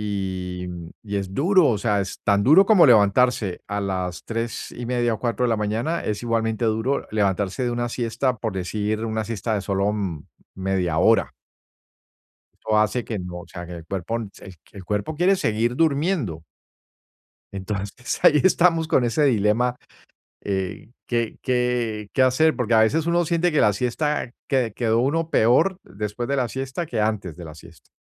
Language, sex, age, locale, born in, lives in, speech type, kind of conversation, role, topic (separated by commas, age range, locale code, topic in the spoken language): Spanish, male, 55-59, es-US, Colombia, United States, conversation, advice, user, ¿Cuánto debería durar mi siesta para sentirme mejor?